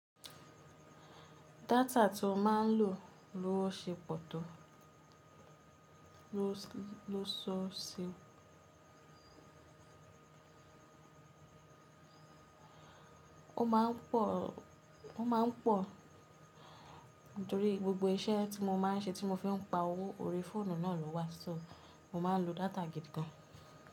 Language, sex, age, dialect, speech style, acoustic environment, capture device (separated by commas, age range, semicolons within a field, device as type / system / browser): Yoruba, female, 25-34, Nigeria - Lagos Yoruba, relaxed, appliances, mobile / Linux / Chrome